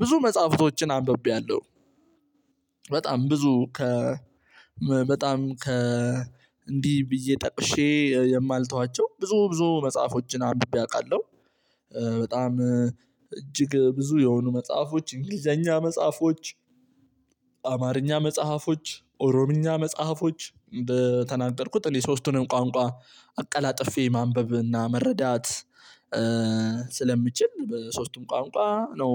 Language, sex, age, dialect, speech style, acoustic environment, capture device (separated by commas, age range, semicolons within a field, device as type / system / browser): Amharic, male, 18-24, Ethiopia - Addis Ababa, relaxed, silence, mobile / Linux / Chrome